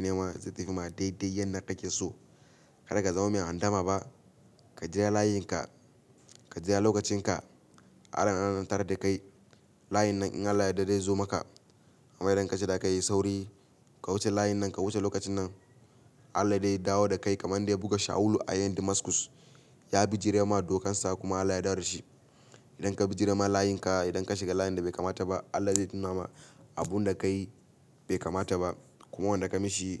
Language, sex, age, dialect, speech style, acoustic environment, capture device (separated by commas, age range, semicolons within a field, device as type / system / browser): Hausa, male, 18-24, Nigeria - Kano, happy, silence, mobile / Linux / Chrome